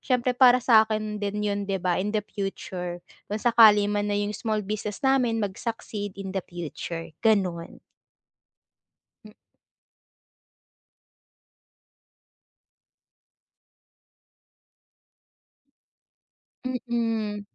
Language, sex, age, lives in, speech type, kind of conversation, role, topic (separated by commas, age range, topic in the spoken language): Filipino, female, 20-24, Philippines, conversation, advice, user, Paano ko mababalanse ang agarang mga gawain at ang pangmatagalang mga layunin?